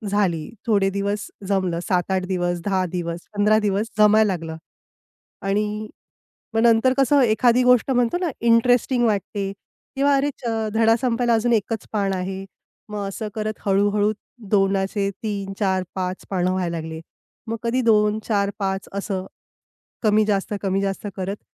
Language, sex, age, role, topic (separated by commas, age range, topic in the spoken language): Marathi, female, 35-39, guest, तुम्ही लहान ध्येय कसे ठरवले आणि ते कसे साध्य केले?